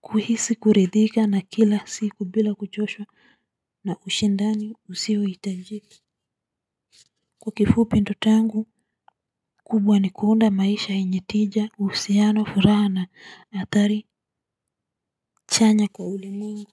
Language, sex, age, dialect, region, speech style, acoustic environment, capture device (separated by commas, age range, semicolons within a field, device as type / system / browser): Swahili, female, 35-44, Kenya - Nairobi Sheng-influenced, Kenya, focused, nature, mobile / Linux / Chrome